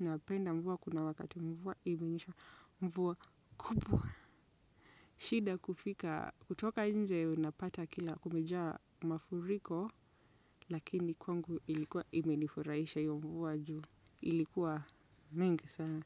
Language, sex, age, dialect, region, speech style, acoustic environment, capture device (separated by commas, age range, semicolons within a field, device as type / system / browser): Swahili, female, 25-34, Kenya - Nairobi Sheng-influenced, Kenya, focused; relaxed, silence, desktop / Windows / Chrome